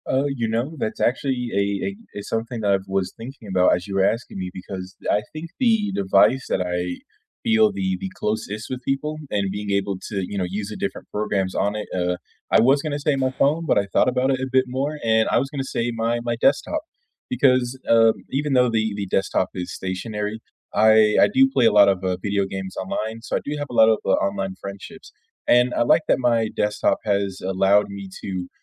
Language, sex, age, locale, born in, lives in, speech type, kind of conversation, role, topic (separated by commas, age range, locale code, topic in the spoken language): English, male, 25-29, en-US, United States, United States, conversation, unstructured, none, How do your devices, apps, and online habits help you feel close and stay connected with people?